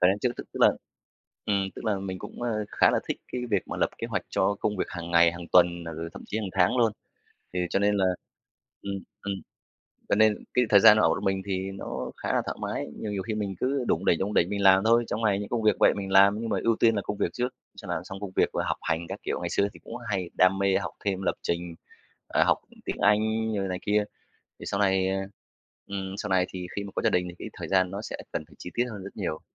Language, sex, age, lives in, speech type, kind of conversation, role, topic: Vietnamese, male, 35-39, Vietnam, conversation, podcast, guest, Bạn cân bằng thời gian dùng màn hình trước khi đi ngủ như thế nào?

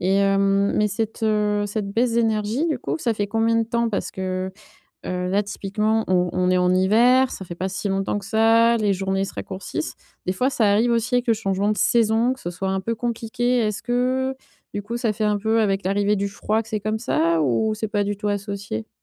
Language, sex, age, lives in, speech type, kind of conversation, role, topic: French, female, 30-34, France, conversation, advice, advisor, Comment puis-je mieux gérer ma fatigue et mes fluctuations d’énergie ?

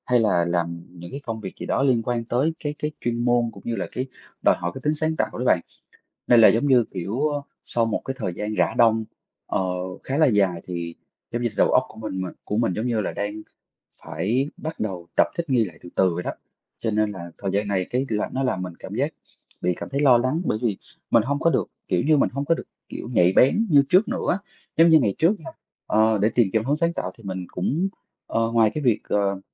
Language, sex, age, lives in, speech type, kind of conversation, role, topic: Vietnamese, male, 30-34, Vietnam, conversation, advice, user, Làm thế nào để quay lại thói quen sáng tạo sau một thời gian dài nghỉ?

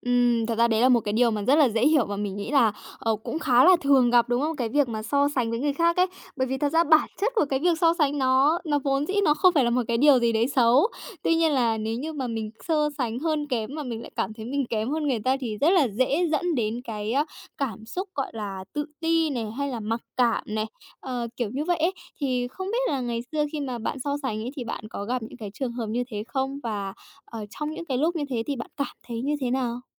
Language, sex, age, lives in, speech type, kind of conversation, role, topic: Vietnamese, female, 20-24, Japan, conversation, podcast, host, Bạn làm thế nào để không so sánh bản thân với người khác?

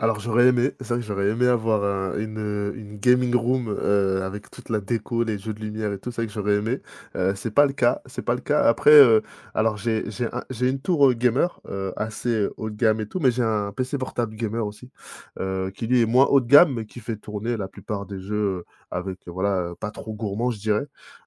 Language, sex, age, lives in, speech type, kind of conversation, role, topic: French, male, 30-34, France, conversation, podcast, guest, Avez-vous des rituels du soir avant le coucher ?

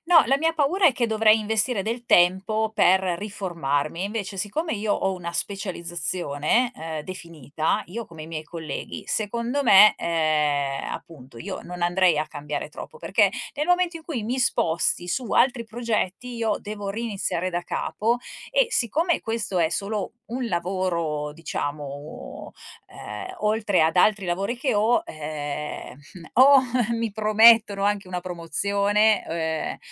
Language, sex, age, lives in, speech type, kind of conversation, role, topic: Italian, female, 45-49, Italy, conversation, advice, user, Come descriveresti il tuo nuovo capo o il recente cambiamento nella direzione aziendale?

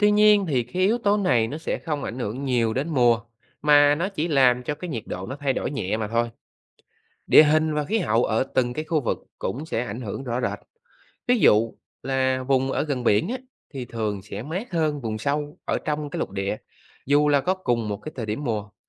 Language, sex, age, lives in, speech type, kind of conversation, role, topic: Vietnamese, male, 30-34, Vietnam, read, expert-assistant, expert, Vì sao mặt trời mọc ở hướng đông và lặn ở hướng tây?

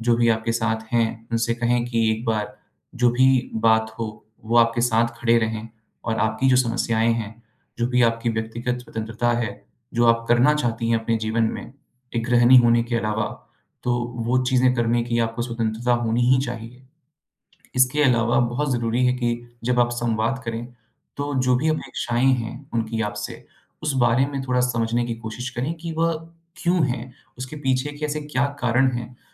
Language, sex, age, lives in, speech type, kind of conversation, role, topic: Hindi, male, 25-29, India, conversation, advice, advisor, मैं परिवार की अपेक्षाओं और अपनी व्यक्तिगत स्वतंत्रता के बीच संतुलन कैसे बना सकता/सकती हूँ?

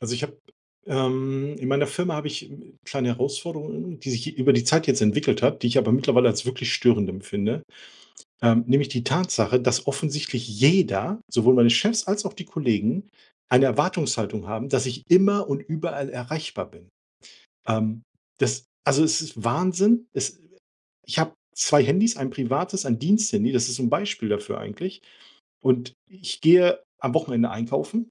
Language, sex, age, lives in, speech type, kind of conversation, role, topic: German, male, 45-49, Germany, conversation, advice, user, Wie kann ich damit umgehen, dass mein Chef und meine Kollegen außerhalb der Arbeitszeit ständige Erreichbarkeit erwarten?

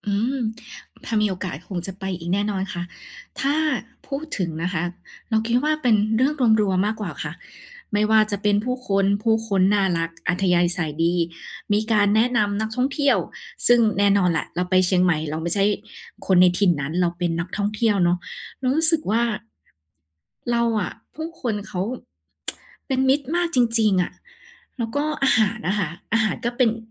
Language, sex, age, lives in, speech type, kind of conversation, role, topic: Thai, female, 30-34, Thailand, conversation, podcast, guest, คุณเคยหลงทางแล้วเจอคนมาช่วยเหลือไหม เล่าให้ฟังหน่อยได้ไหม?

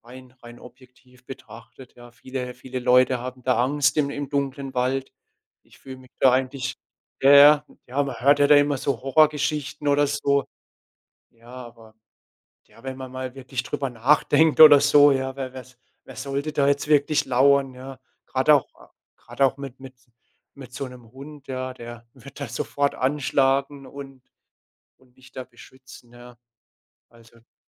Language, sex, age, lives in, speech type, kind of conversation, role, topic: German, male, 25-29, Germany, conversation, podcast, guest, Wie erlebst du die Ruhe in einem stillen Wald?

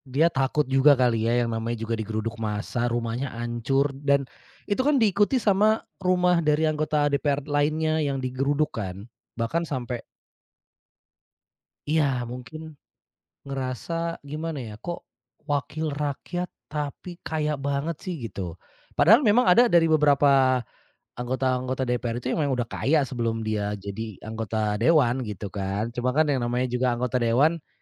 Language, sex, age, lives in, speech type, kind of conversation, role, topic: Indonesian, male, 35-39, Indonesia, conversation, podcast, guest, Ceritakan momen viral di media sosial yang paling kamu ingat?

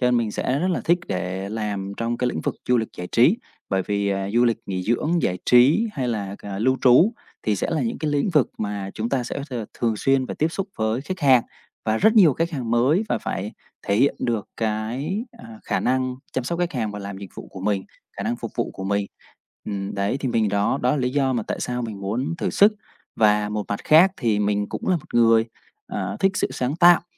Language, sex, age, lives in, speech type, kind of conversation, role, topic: Vietnamese, male, 30-34, Vietnam, conversation, advice, user, Làm sao vượt qua nỗi sợ đổi nghề khi đang ở giữa chặng đường sự nghiệp?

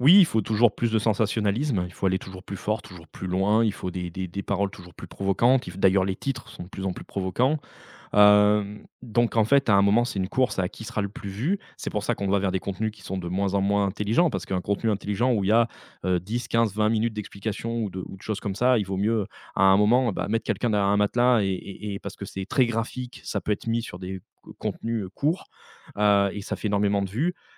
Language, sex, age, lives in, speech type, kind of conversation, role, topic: French, male, 35-39, France, conversation, podcast, guest, Pourquoi les gens suivent-ils autant d’influenceurs ?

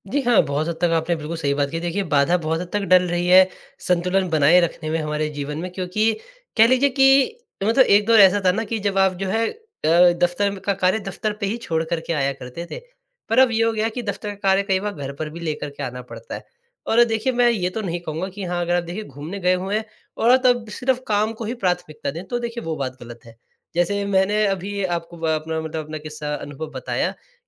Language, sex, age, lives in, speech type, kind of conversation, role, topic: Hindi, male, 20-24, India, conversation, podcast, guest, काम और निजी जिंदगी में संतुलन आप कैसे बनाते हैं?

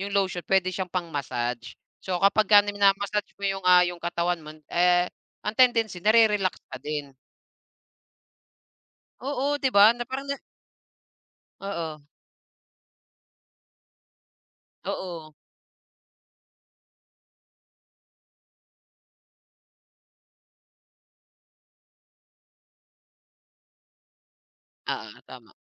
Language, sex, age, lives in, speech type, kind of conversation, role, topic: Filipino, male, 35-39, Philippines, conversation, podcast, host, Ano ang ginagawa mo para mabilis na makabalik sa konsentrasyon pagkatapos maistorbo?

